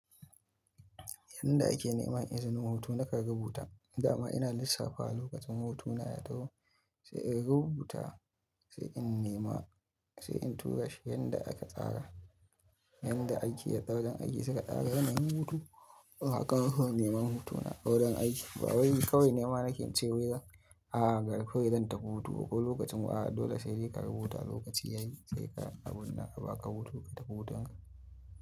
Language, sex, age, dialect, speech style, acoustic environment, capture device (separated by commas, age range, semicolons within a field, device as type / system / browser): Hausa, male, 25-34, Nigeria - Kano, stressed, silence, mobile / Linux / Chrome